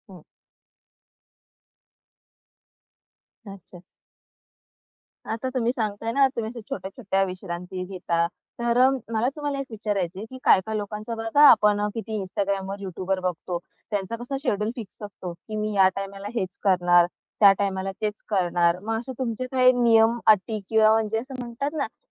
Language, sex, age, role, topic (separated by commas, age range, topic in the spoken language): Marathi, female, 25-29, host, काम आणि विश्रांती वेगवेगळी ठेवण्यासाठी तुम्ही कोणते नियम पाळता?